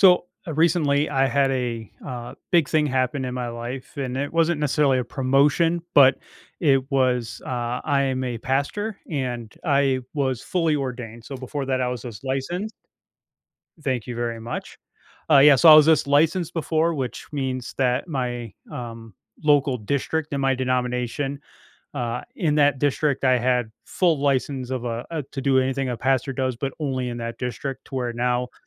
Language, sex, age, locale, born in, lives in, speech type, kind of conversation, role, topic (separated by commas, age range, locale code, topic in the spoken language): English, male, 45-49, en-US, United States, United States, conversation, advice, user, How can I show I'm ready for a promotion and approach my manager about it?